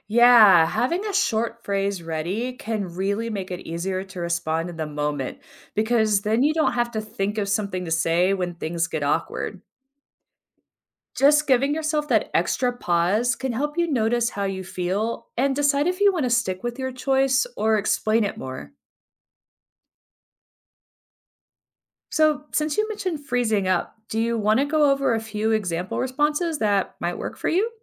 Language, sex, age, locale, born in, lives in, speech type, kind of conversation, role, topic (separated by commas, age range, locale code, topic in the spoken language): English, female, 40-44, en-US, United States, United States, read, expert-assistant, expert, What are some effective ways to handle peer pressure?